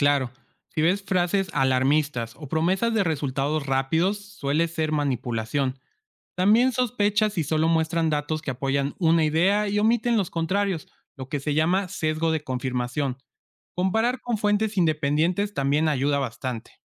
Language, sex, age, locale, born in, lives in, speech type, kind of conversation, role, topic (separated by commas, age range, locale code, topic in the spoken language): Spanish, male, 25-29, es-MX, Mexico, Mexico, read, expert-assistant, expert, ¿Cómo puedo distinguir entre fuentes confiables y no confiables cuando busco información para un programa de radio?